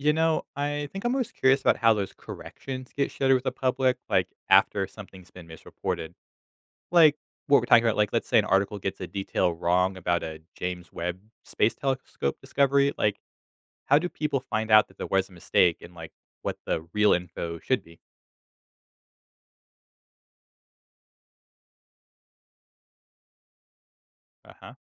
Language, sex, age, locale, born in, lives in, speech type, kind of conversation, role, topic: English, male, 25-29, en-US, United States, United States, read, expert-assistant, asker, Where can I find the most reliable sources for understanding new discoveries in astrophysics?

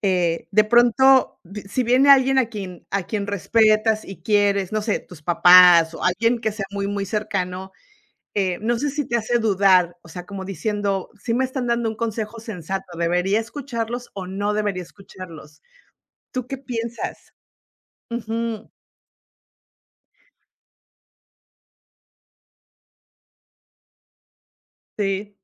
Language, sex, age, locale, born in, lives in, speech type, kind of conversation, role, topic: Spanish, female, 50-54, es-MX, Mexico, United States, conversation, unstructured, none, ¿Qué harías si alguien te dijera que tu meta es imposible?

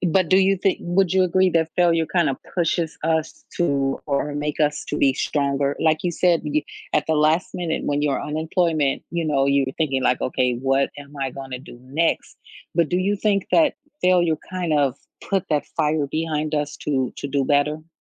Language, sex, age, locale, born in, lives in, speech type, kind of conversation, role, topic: English, female, 45-49, en-US, United States, United States, conversation, podcast, host, What lessons can we learn from experiencing setbacks in life?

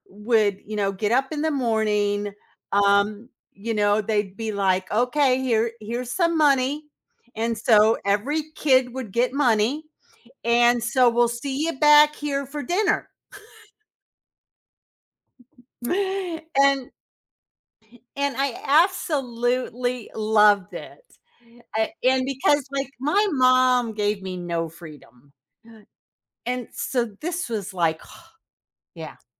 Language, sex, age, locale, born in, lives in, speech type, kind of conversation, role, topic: English, female, 60-64, en-US, United States, United States, conversation, podcast, guest, How do happy childhood memories continue to shape us as adults?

